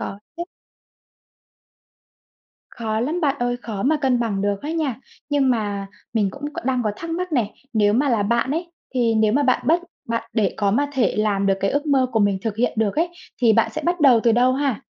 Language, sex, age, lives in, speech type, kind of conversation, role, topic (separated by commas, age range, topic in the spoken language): Vietnamese, female, 25-29, Vietnam, conversation, unstructured, none, Ước mơ lớn nhất của bạn là gì?